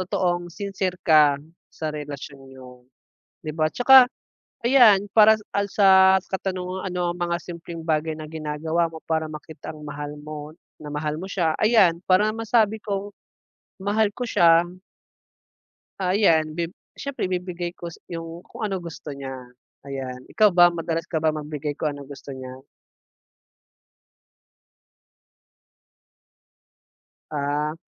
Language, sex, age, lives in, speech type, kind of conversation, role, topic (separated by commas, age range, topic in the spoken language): Filipino, male, 25-29, Philippines, conversation, unstructured, none, Paano mo ipinapakita ang pagmamahal mo araw-araw?